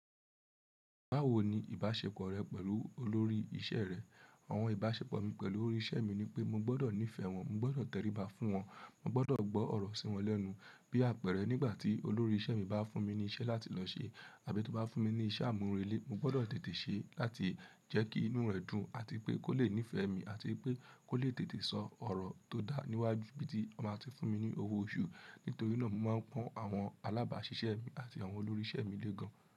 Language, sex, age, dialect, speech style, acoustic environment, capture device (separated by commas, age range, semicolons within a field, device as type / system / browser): Yoruba, female, 18-24, Nigeria - Lagos Yoruba, relaxed; happy, nature; people talking, mobile / Linux / Chrome